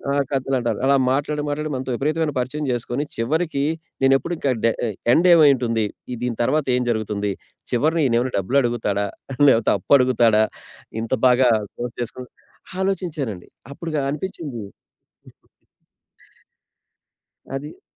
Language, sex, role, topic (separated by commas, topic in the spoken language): Telugu, male, guest, కొత్తగా పరిచయమైన వ్యక్తిపై నమ్మకం ఎలా ఏర్పడుతుంది?